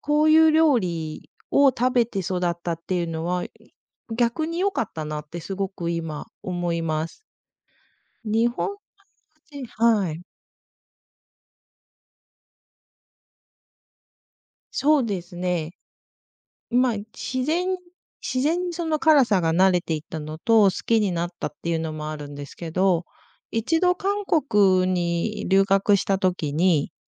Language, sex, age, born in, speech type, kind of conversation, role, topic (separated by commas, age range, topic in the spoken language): Japanese, female, 40-44, Japan, conversation, podcast, guest, 親から受け継いだ味はありますか？